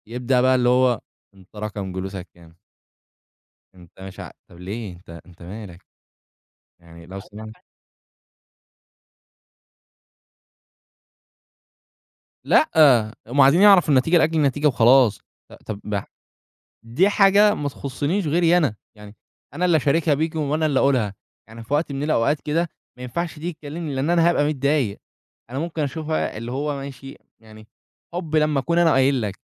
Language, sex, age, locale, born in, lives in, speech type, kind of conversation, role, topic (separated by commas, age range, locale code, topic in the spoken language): Arabic, male, 20-24, ar-EG, Egypt, Egypt, conversation, podcast, guest, إزاي بتتعاملوا مع تدخل الأقارب في شؤونكم؟